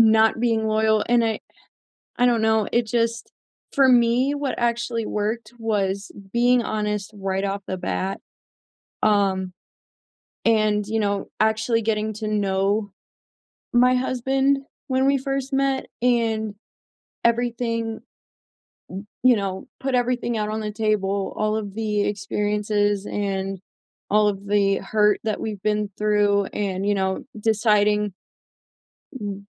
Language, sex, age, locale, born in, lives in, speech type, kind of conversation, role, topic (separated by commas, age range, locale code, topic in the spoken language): English, female, 20-24, en-US, United States, United States, conversation, unstructured, none, How are the rules of modern dating changing for you, and what actually works?